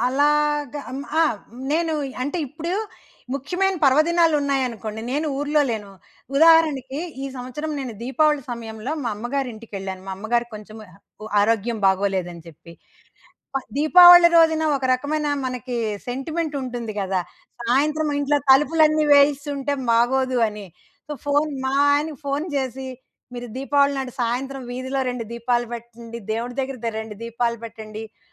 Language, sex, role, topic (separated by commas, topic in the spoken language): Telugu, female, guest, మీరు ధ్యానం లేదా ప్రార్థనను అలవాటు చేసుకున్నారా, అలా చేయడం వల్ల మీ మనసు ఎలా అనిపిస్తుంది?